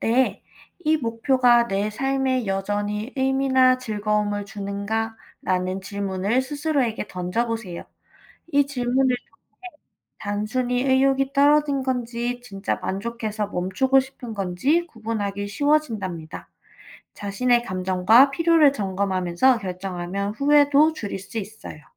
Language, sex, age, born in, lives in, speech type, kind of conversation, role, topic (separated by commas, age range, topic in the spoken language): Korean, female, 25-29, South Korea, United States, read, expert-assistant, expert, 목표를 세울 때, 나에게 진짜 잘 맞는 방법을 어떻게 찾을 수 있을까요?